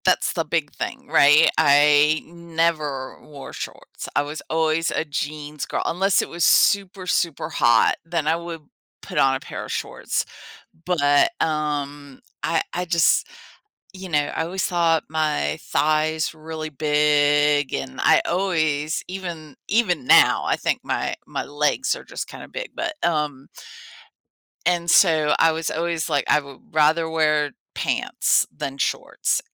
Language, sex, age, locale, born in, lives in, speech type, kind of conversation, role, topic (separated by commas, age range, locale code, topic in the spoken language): English, female, 50-54, en-US, United States, United States, conversation, podcast, guest, In what ways does your personal style reflect your emotions or the environment you're in?